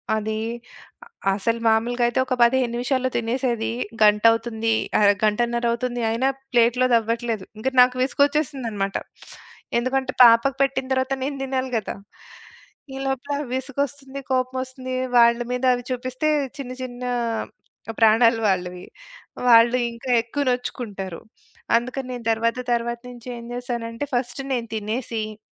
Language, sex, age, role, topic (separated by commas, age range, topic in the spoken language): Telugu, female, 40-44, guest, చిన్న లక్ష్యాలు పెట్టుకోవడం మీ మోటివేషన్‌ను ఎలా పెంచుతుంది?